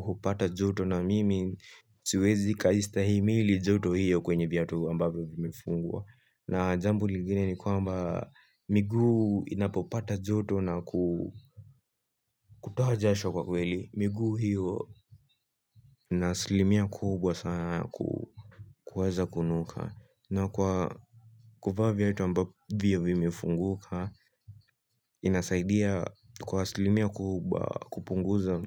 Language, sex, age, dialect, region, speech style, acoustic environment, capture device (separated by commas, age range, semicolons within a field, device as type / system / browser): Swahili, male, 18-24, Kenya - Nairobi Sheng-influenced, Kenya, anxious, nature; music; people talking, mobile / Linux / Chrome